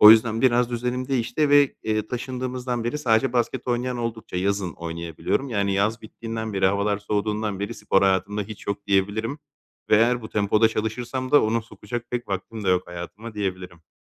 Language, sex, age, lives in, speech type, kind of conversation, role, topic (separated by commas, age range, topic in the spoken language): Turkish, male, 30-34, Greece, conversation, advice, user, Tutarlı bir yatma zamanı alışkanlığı oluşturmak için nereden başlamalıyım?